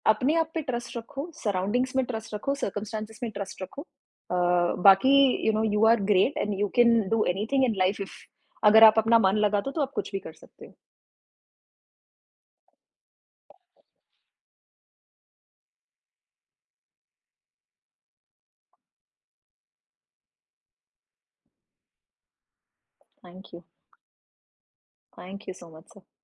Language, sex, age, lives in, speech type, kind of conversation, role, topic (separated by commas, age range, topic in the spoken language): Hindi, female, 30-34, India, conversation, podcast, guest, Tum apne shauk aur kamaai ke beech ka santulan kaise banate ho?